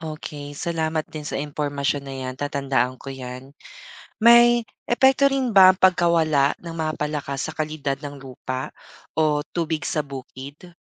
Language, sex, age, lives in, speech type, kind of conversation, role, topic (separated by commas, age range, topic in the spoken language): Filipino, male, 25-29, Philippines, read, expert-assistant, asker, Paano nakakatulong ang mga palaka sa kontrol ng peste sa bukid?